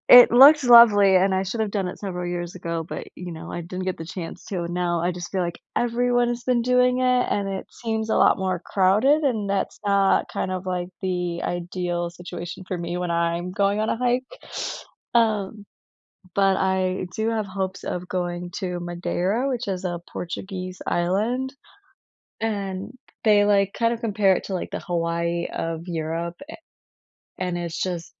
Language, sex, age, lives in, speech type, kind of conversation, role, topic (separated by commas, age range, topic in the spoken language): English, female, 25-29, United States, conversation, unstructured, none, How do you incorporate nearby nature into your daily life where you live, and what does it give you?